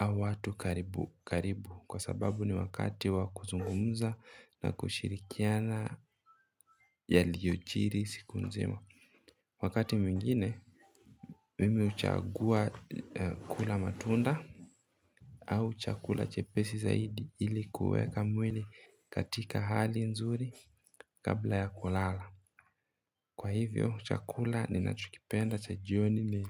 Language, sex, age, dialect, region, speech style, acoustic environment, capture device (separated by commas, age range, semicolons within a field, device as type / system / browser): Swahili, male, 25-34, Kenya - Mombasa, Kenya, relaxed, nature; appliances, mobile / Linux / Chrome